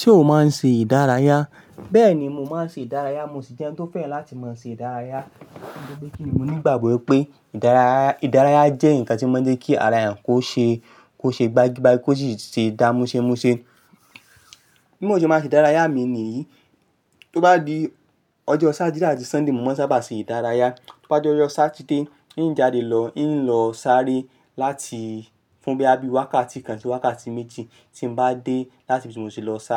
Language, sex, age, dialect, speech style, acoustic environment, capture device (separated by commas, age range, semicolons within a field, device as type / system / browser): Yoruba, male, 25-34, Nigeria - Ibadan, happy, nature, mobile / Linux / Chrome